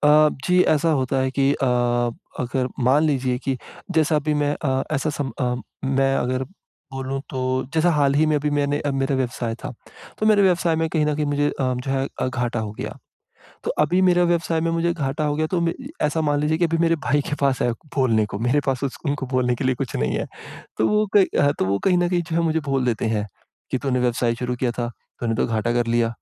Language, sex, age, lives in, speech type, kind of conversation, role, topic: Hindi, male, 25-29, India, conversation, advice, user, मैं अपने भाई-बहनों के साथ अपने रिश्ते को बेहतर बनाने के लिए संवाद और सुनने की कला कैसे सुधारूँ?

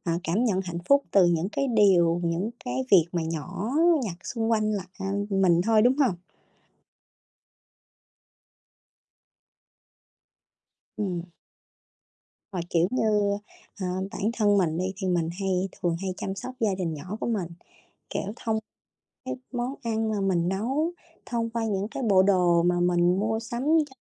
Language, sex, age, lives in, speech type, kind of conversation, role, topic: Vietnamese, female, 30-34, Vietnam, conversation, unstructured, none, Điều gì khiến bạn cảm thấy hạnh phúc nhất trong cuộc sống?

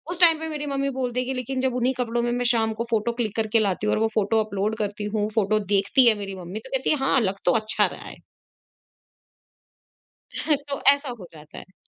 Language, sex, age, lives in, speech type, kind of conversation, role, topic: Hindi, female, 25-29, India, conversation, podcast, guest, Trends follow karte ho ya apni vibe banate ho?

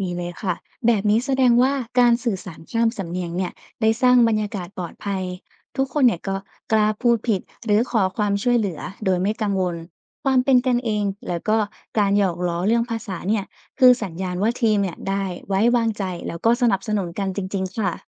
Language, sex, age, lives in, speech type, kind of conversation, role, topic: Thai, female, 20-24, Thailand, read, expert-assistant, expert, จะปรับปรุงการสื่อสารระหว่างคนจากต่างภาคในประเทศไทยอย่างไร ให้เข้าใจกันมากขึ้นแม้ใช้ภาษาหรือสำเนียงต่างกัน?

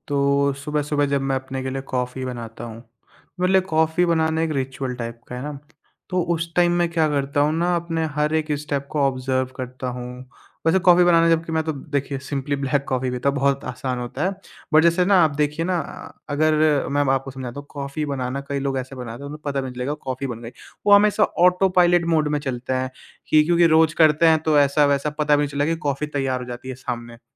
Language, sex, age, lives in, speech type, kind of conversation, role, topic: Hindi, male, 20-24, India, conversation, podcast, guest, Mindfulness ko tum apni rozmarra ki zindagi mein kaise fit karte ho?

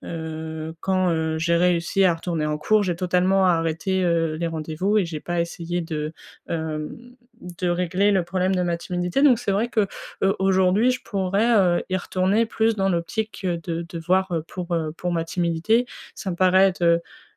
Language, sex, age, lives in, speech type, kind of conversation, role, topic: French, female, 20-24, France, conversation, advice, user, Comment puis-je surmonter ma timidité dans les interactions sociales pour me faire de nouveaux amis à l’âge adulte ?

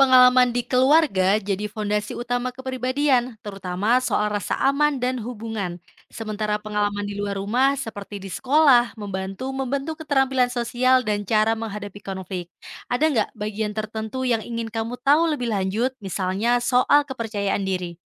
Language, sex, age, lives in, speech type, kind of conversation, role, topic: Indonesian, female, 25-29, Indonesia, read, expert-assistant, expert, Dalam dunia yang terus berubah, bagaimana pengalaman masa kecil bisa membentuk kepribadian seseorang saat dewasa?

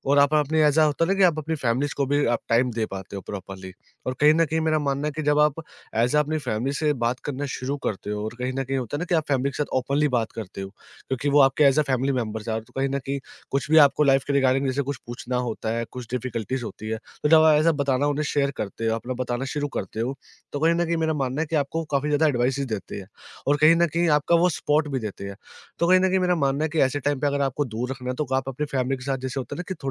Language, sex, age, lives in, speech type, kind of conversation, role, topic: Hindi, male, 20-24, India, conversation, podcast, guest, Parivar mein digital rules tum kaise decide karte ho?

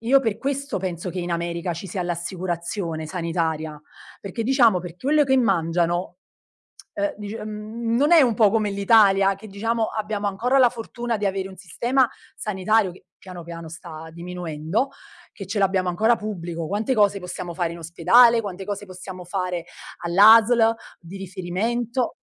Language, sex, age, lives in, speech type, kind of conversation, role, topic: Italian, female, 35-39, Italy, conversation, podcast, guest, Qual è un viaggio che ti ha cambiato la vita?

